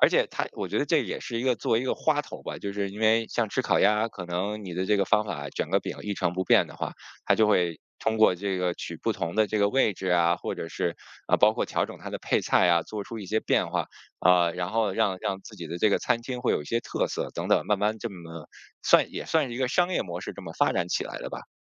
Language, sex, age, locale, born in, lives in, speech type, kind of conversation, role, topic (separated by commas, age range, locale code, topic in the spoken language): Chinese, male, 40-44, zh-CN, China, United States, conversation, podcast, guest, 你会如何用一道菜来介绍你的文化？